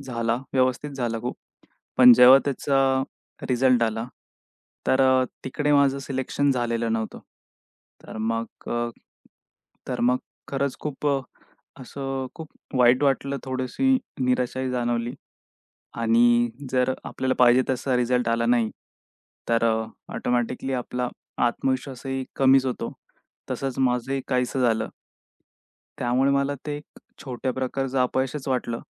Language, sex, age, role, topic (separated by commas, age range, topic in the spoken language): Marathi, male, 25-29, guest, एखाद्या अपयशानंतर तुम्हाला एखादी अनपेक्षित, आश्चर्यकारक संधी मिळाली आहे का?